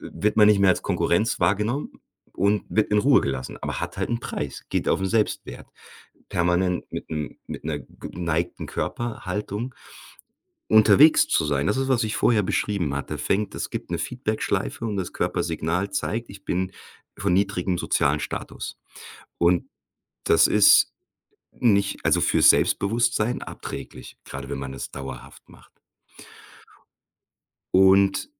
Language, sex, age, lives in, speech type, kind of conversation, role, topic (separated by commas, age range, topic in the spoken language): German, male, 40-44, Germany, conversation, podcast, guest, Wie kann eine aufrechte Körperhaltung das Selbstbewusstsein stärken?